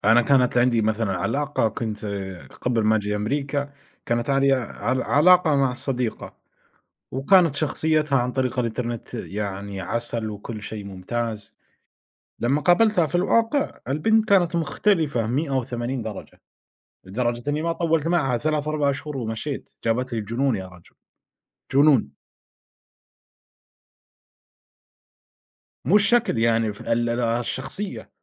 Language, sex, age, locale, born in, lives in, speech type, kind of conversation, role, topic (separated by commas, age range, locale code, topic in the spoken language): Arabic, male, 25-29, ar-SA, Saudi Arabia, United States, conversation, unstructured, none, هل بتحس إن السوشيال ميديا بتزوّد الإحساس بالوحدة؟